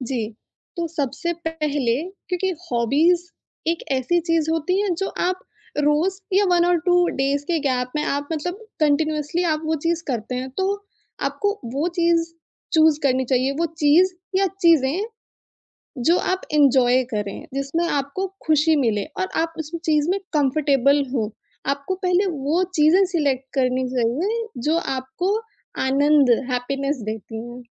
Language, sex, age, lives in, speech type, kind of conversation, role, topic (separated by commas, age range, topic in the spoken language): Hindi, female, 25-29, India, conversation, podcast, guest, Naya hobby start karne ke liye kaunse simple steps follow karne chahiye?